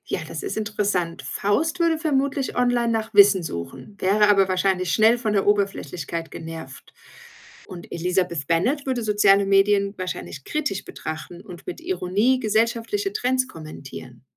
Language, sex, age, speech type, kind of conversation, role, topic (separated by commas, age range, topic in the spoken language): German, female, 40-44, read, expert-assistant, expert, Stell dir vor, berühmte Figuren aus der klassischen Literatur würden heute leben – wie würden sie wohl mit moderner Technik und sozialen Medien umgehen?